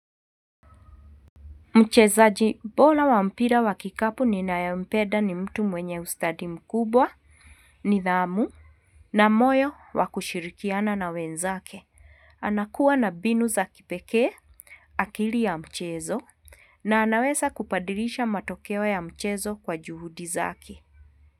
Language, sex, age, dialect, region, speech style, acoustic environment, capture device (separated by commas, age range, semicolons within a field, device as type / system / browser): Swahili, female, 45-54, Kenya - Nairobi Sheng-influenced, Kenya, happy, nature, mobile / Linux / Chrome